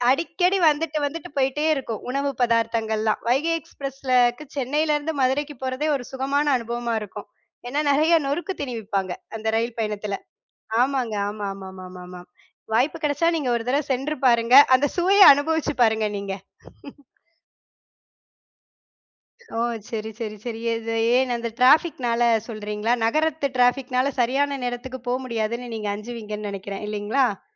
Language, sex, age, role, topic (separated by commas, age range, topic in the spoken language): Tamil, female, 30-34, guest, பயணத்தின் போது சாப்பிட்டதில் இன்னும் நினைவில் இருக்கும் ஒரு உணவு உங்களுக்குண்டா?